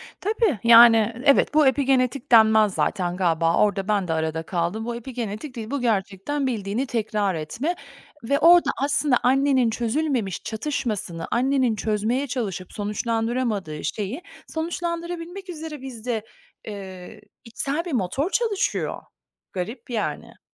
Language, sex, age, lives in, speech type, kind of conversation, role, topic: Turkish, female, 45-49, United States, conversation, podcast, guest, Aile hikâyeleri kimliğini nasıl besliyor, birini anlatır mısın?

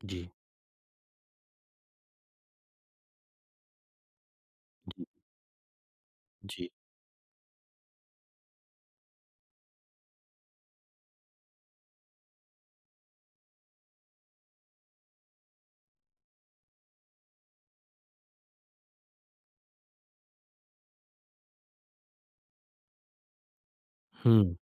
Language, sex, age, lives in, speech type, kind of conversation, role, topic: Hindi, male, 35-39, India, conversation, advice, user, शर्मनाक पल के बाद मैं अपना आत्म-सम्मान और खुद पर भरोसा फिर से कैसे जगा सकता/सकती हूँ?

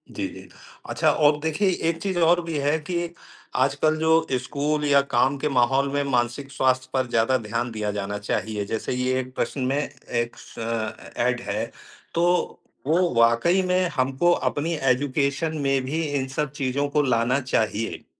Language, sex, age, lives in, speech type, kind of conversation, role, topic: Hindi, male, 55-59, India, conversation, unstructured, none, क्या आपको लगता है कि मानसिक स्वास्थ्य पर खुलकर बात करना ज़रूरी है?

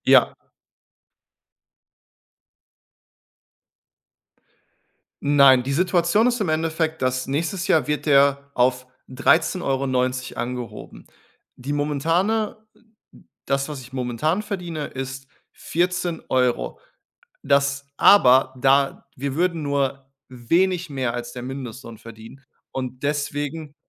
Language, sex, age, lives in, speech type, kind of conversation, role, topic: German, male, 30-34, Germany, conversation, advice, user, Wie kann ich klare Grenzen zwischen Arbeit und Privatleben setzen, um meine ständige Erschöpfung zu reduzieren?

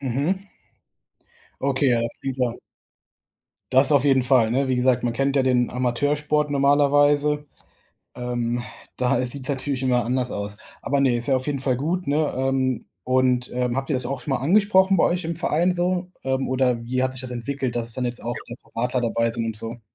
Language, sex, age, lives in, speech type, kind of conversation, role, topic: German, male, 25-29, Germany, conversation, advice, advisor, Wie kann ich meine persönliche Freiheit leben, ohne meine Kultur und Familie zu verletzen?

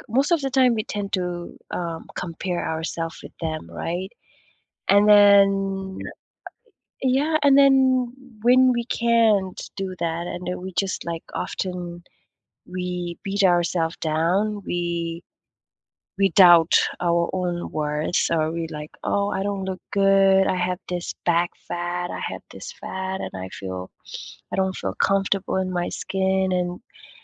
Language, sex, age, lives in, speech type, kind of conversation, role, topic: English, female, 25-29, United States, conversation, unstructured, none, Do you think social media does more harm than good?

